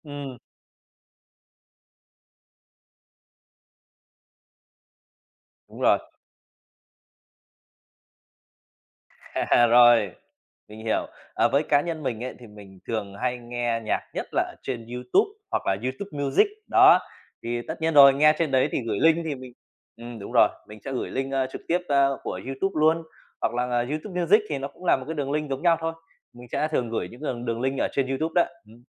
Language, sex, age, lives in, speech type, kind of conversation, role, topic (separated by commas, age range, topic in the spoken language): Vietnamese, male, 30-34, Vietnam, conversation, podcast, guest, Bạn hay chia sẻ nhạc với bạn bè bằng cách nào?